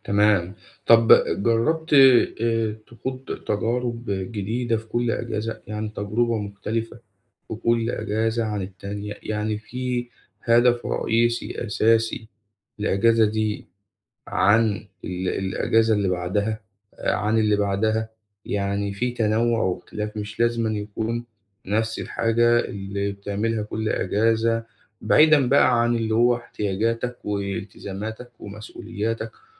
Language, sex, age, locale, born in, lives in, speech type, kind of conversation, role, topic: Arabic, male, 25-29, ar-EG, Egypt, Egypt, conversation, advice, advisor, إزاي أستغل إجازة قصيرة بشكل فعّال عشان أحس إني اتجدّدت؟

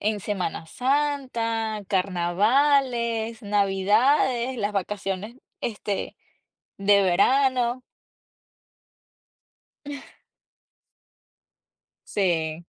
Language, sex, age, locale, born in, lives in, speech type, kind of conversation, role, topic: Spanish, female, 30-34, es-VE, Venezuela, United States, conversation, unstructured, none, ¿Cómo celebras las tradiciones familiares en tu cultura?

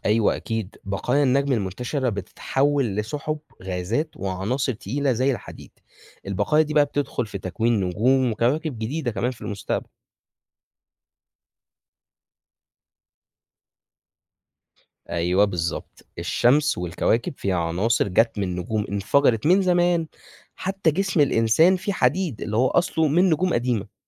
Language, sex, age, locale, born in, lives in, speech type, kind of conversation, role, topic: Arabic, male, 20-24, ar-EG, Egypt, Egypt, read, expert-assistant, expert, يعني إيه النجم بيعيش ويموت؟ ممكن تشرحلي دورة حياة النجم بشكل بسيط؟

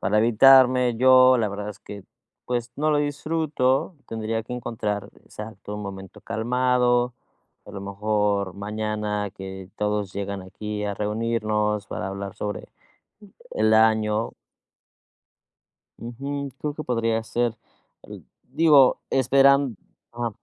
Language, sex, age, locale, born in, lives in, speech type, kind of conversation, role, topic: Spanish, male, 30-34, es-MX, Mexico, Mexico, conversation, advice, user, ¿Cómo puedo equilibrar la tradición familiar con mi autonomía personal?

